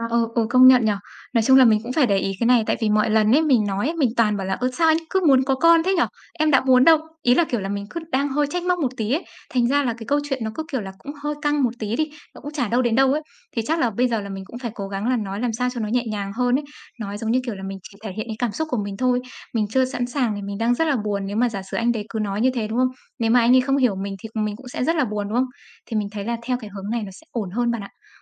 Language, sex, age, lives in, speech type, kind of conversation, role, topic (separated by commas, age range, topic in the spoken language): Vietnamese, female, 25-29, Vietnam, conversation, advice, user, Vì sao bạn không dám thể hiện cảm xúc thật với bạn đời?